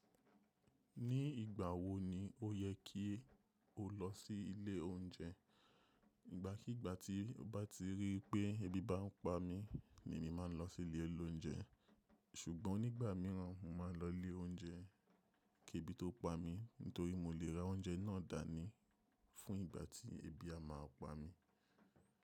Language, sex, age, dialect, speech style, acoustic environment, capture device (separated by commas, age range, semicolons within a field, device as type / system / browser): Yoruba, male, 25-34, Nigeria - Nigerian Standard, happy; relaxed, silence, mobile / macOS / Safari